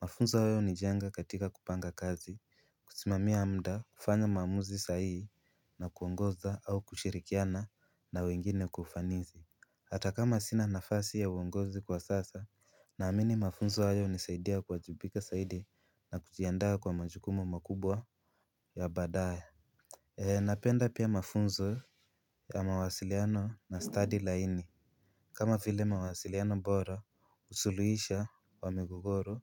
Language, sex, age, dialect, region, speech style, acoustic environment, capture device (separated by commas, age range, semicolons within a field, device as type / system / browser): Swahili, male, 18-24, Kenya - Nairobi Sheng-influenced, Kenya, relaxed, silence, mobile / Linux / Chrome